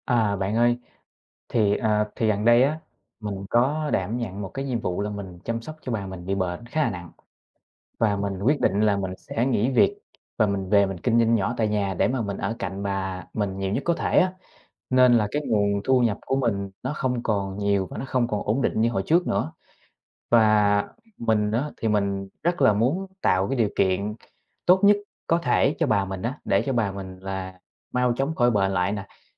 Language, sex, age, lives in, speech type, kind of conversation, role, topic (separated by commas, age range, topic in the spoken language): Vietnamese, male, 25-29, Vietnam, conversation, advice, user, Làm thế nào để hỗ trợ tài chính cho gia đình mà không ảnh hưởng đến quỹ cá nhân của bạn?